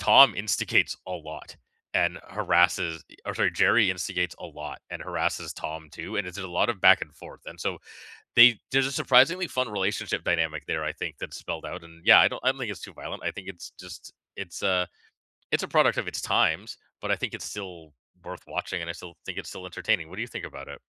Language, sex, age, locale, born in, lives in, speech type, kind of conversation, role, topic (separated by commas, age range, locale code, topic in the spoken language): English, male, 40-44, en-CA, Canada, Canada, conversation, unstructured, none, Which childhood cartoons and characters still hold up for you today, and what makes them timeless?